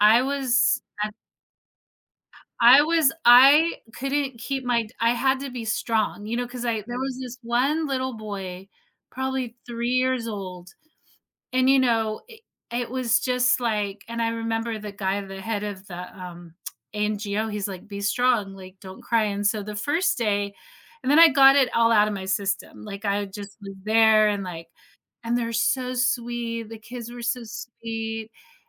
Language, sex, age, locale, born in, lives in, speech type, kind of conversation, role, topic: English, female, 45-49, en-US, United States, United States, conversation, podcast, guest, How does volunteering shape both individuals and the communities they serve?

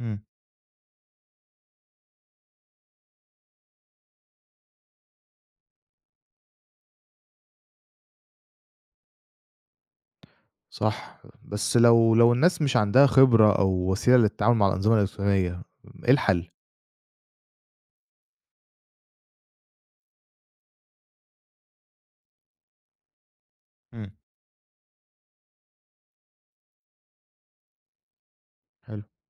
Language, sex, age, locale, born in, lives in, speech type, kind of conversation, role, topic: Arabic, male, 25-29, ar-EG, Egypt, Egypt, read, expert-assistant, asker, إزاي نعرف لو الدعم اللي الدولة بتديه فعلاً بيوصل للناس اللي محتاجاه؟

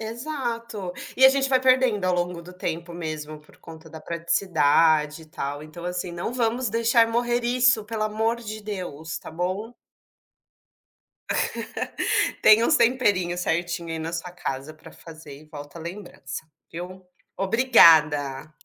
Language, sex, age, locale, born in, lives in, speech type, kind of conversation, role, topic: Portuguese, female, 30-34, pt-BR, Brazil, Portugal, conversation, unstructured, none, Qual é a relação entre comida e memória afetiva?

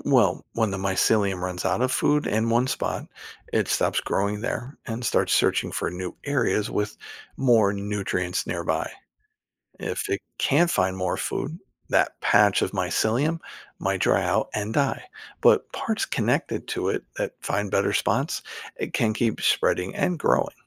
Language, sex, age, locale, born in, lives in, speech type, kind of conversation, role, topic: English, male, 50-54, en-US, United States, United States, read, expert-assistant, expert, How do mushrooms grow and spread without relying on sunlight?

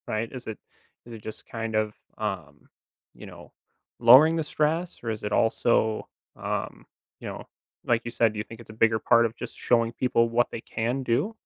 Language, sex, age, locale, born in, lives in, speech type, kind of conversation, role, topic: English, male, 25-29, en-US, United States, United States, conversation, podcast, host, In what ways can regular physical activity support emotional well-being?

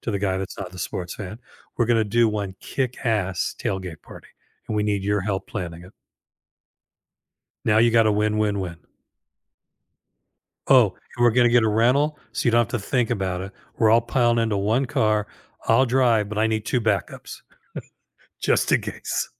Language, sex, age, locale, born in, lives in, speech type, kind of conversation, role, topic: English, male, 65-69, en-US, United States, United States, conversation, advice, advisor, How do I plan an affordable, nearby weekend getaway that everyone in my friend group will enjoy?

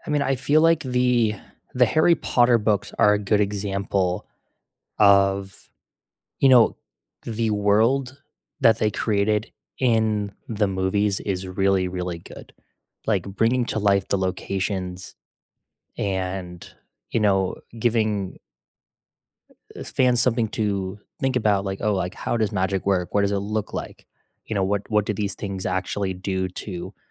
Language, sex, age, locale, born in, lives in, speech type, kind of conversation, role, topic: English, male, 20-24, en-US, United States, United States, conversation, unstructured, none, Which book-to-screen adaptations truly captured the spirit of the book for you, and what made them resonate?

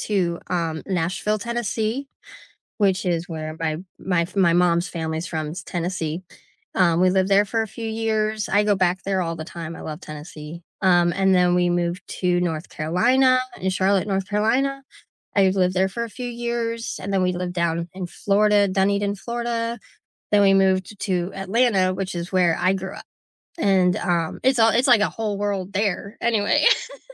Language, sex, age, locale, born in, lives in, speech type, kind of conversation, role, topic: English, female, 35-39, en-US, United States, United States, conversation, unstructured, none, How has travel changed the way you see the world?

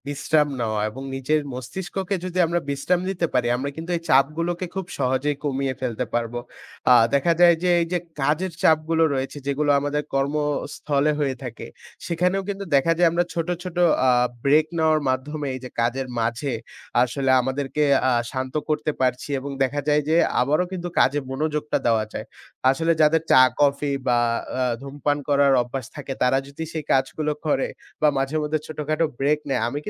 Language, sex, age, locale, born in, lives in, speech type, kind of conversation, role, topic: Bengali, male, 20-24, bn-BD, Bangladesh, Bangladesh, conversation, unstructured, none, আপনি কীভাবে চাপ কমানোর চেষ্টা করেন?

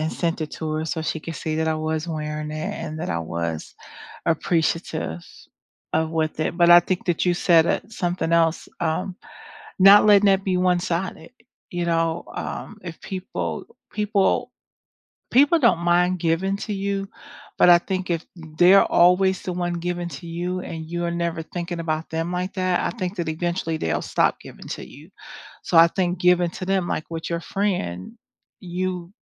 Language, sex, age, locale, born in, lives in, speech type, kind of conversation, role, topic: English, female, 50-54, en-US, United States, United States, conversation, unstructured, none, What small gestures make you feel most appreciated?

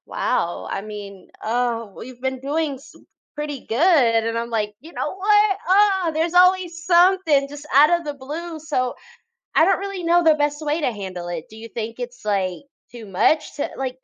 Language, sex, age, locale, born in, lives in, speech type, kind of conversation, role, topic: English, female, 30-34, en-US, United States, United States, conversation, advice, user, How can I navigate my partner reconnecting with an ex while protecting our relationship?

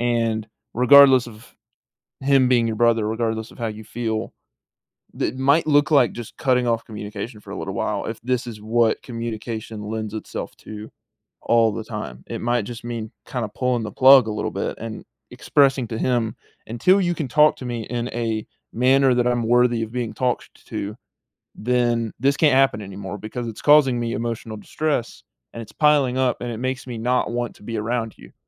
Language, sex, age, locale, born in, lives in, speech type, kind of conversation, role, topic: English, male, 18-19, en-US, United States, United States, conversation, advice, advisor, How can I repair my friendship?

